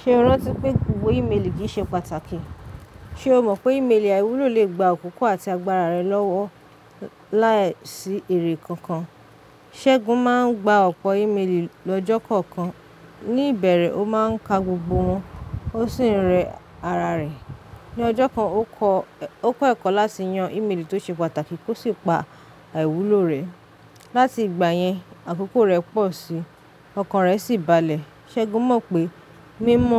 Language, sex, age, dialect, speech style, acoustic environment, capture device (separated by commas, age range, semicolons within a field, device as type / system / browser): Yoruba, female, 25-34, Nigeria - Lagos Yoruba, relaxed, silence, mobile / Linux / Chrome